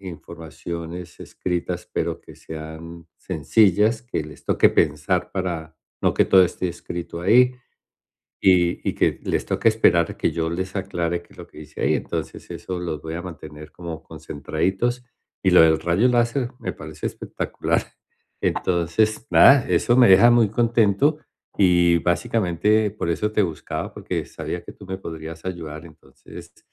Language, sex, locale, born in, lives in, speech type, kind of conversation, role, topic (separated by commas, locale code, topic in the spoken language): Spanish, male, es-CO, Colombia, United States, conversation, advice, user, Captar y mantener la atención